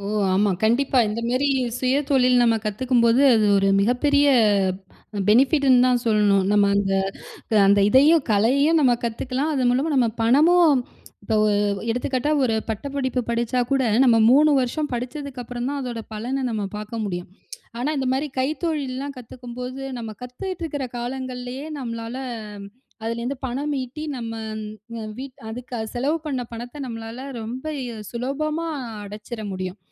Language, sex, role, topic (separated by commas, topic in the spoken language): Tamil, female, host, தொடர்ந்து புதிதாகக் கற்றுக்கொள்ள உங்களை ஊக்கப்படுத்தும் வழி என்ன?